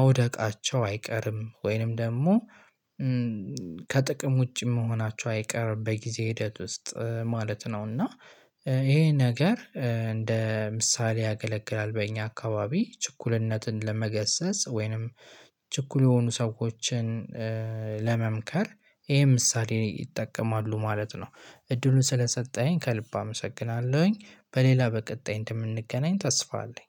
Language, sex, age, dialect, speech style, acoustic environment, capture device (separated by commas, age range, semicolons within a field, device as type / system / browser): Amharic, male, 18-24, Ethiopia - Gojjam, happy, silence, mobile / Linux / Chrome